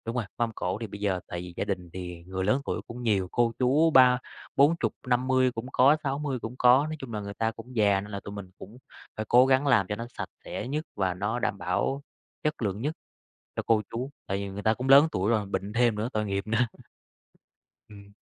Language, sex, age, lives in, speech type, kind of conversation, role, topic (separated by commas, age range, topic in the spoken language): Vietnamese, male, 30-34, Vietnam, conversation, podcast, guest, Làm sao để lập thực đơn tiết kiệm cho một bữa tiệc đông người?